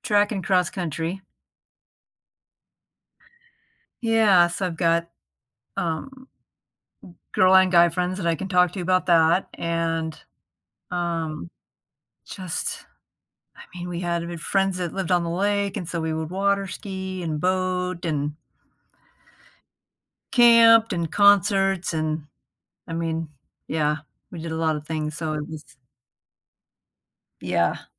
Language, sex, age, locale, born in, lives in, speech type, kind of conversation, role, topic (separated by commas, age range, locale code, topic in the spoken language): English, female, 55-59, en-US, United States, United States, conversation, advice, user, How can I reconnect with old friends after years apart?